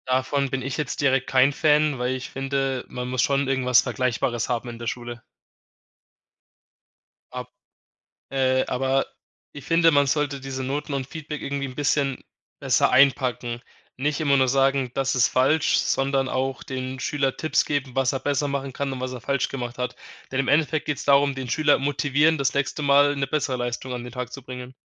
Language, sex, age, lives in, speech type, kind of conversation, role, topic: German, male, 20-24, Germany, conversation, podcast, guest, Was macht für dich guten Unterricht aus?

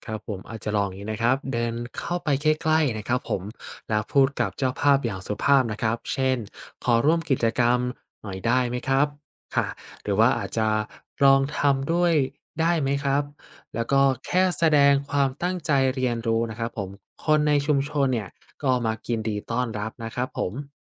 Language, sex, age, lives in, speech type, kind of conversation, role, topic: Thai, male, 20-24, Thailand, read, expert-assistant, expert, หากอยากเข้าไปสัมผัสประเพณีท้องถิ่นโดยไม่รู้จักใครมาก่อน มีวิธีหรือขั้นตอนไหนที่เหมาะกับมือใหม่ให้ได้ประสบการณ์ที่ดี?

